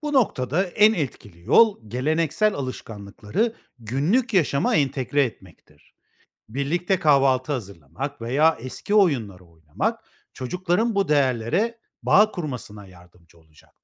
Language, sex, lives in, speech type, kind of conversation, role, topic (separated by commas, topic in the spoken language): Turkish, male, Spain, read, expert-assistant, expert, Neden farklı kültürlerde kahvaltı alışkanlıkları bu kadar farklı gelişti?